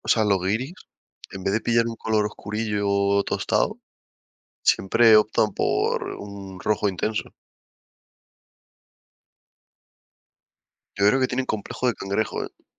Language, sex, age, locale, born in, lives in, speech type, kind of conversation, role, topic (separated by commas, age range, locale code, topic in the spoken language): Spanish, male, 20-24, es-ES, Spain, Spain, conversation, unstructured, none, Entre la playa y la montaña, ¿cuál es tu destino ideal para vacacionar?